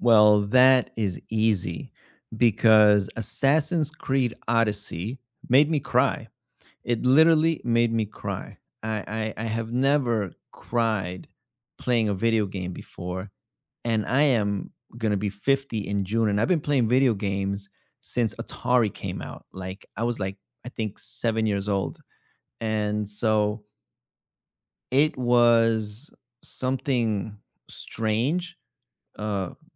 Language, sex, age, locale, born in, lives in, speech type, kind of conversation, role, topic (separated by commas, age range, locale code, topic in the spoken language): English, male, 35-39, en-US, United States, United States, conversation, unstructured, none, Which video game has moved you the most emotionally, and what moments, characters, or memories made it meaningful to you?